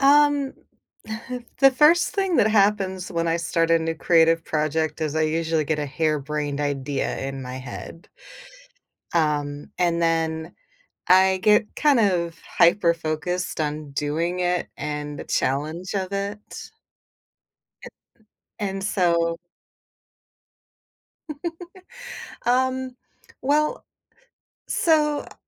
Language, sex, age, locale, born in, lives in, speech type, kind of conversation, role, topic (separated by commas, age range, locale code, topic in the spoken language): English, female, 40-44, en-US, United States, United States, conversation, podcast, guest, What helps you find and sustain motivation when beginning something creative?